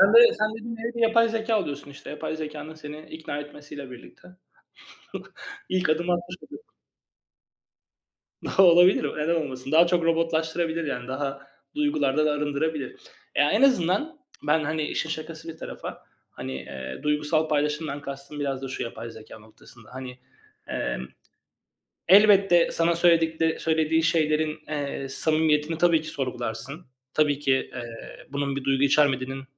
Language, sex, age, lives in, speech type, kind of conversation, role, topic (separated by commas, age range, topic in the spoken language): Turkish, male, 35-39, Greece, conversation, unstructured, none, Yapay zeka gelecekte iş hayatını nasıl etkileyebilir?